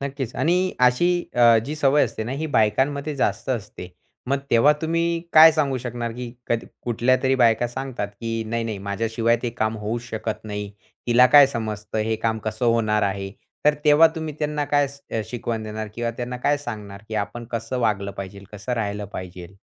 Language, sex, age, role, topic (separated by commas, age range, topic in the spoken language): Marathi, male, 20-24, host, पहिल्यांदा काम शेअर करताना भीती कशी हाताळता?